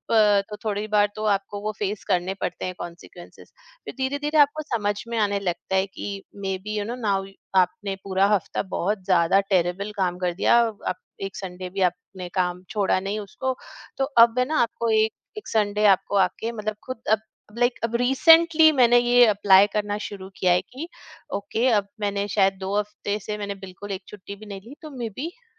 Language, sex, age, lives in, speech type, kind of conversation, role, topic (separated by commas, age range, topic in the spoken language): Hindi, female, 45-49, India, conversation, podcast, guest, Jab tumhe burnout feel hota hai, tab tum kya karte ho?